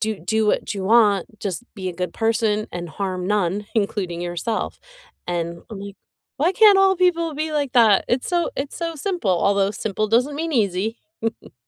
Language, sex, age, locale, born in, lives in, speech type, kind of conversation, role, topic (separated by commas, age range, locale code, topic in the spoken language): English, female, 50-54, en-US, United States, United States, conversation, unstructured, none, What makes people so protective of their religious beliefs?